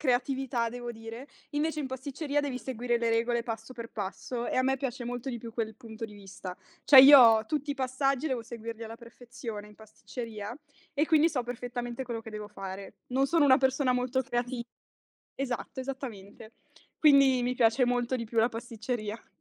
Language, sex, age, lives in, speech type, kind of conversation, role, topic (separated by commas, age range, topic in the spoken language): Italian, female, 20-24, Italy, conversation, podcast, guest, Che cosa ti piace di più del cucinare per gli altri?